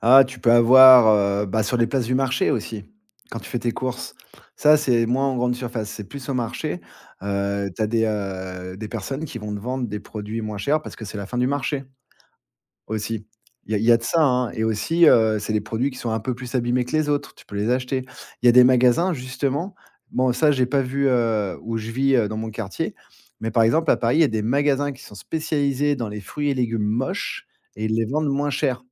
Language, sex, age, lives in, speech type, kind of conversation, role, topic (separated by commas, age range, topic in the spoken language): French, male, 40-44, France, conversation, podcast, guest, Comment organiser ses courses pour consommer durablement ?